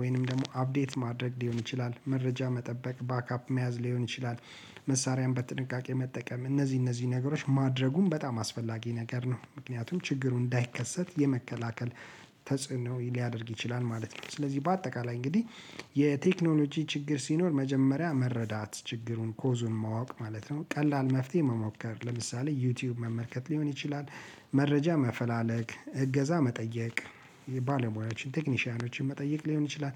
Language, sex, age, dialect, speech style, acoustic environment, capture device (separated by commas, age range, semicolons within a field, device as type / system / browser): Amharic, male, 35-44, Ethiopia - Addis Ababa, relaxed; focused, nature, mobile / Linux / Chrome